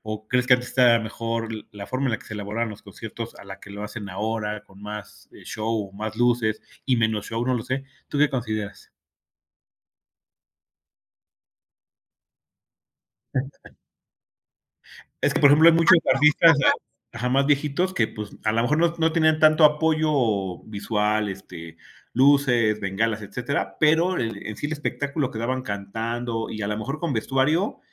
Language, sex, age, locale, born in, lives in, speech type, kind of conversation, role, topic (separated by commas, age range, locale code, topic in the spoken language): Spanish, male, 45-49, es-MX, Mexico, Mexico, conversation, podcast, host, ¿Qué es lo que más te gusta de ir a conciertos o festivales?